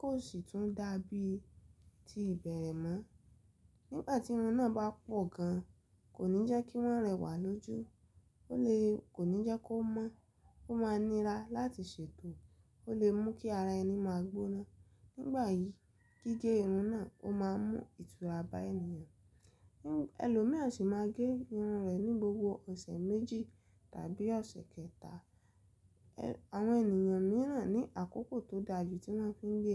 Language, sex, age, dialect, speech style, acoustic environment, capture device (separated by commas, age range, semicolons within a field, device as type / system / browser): Yoruba, female, 25-34, Nigeria - Lagos Yoruba, relaxed; happy; excited, silence, mobile / Linux / Chrome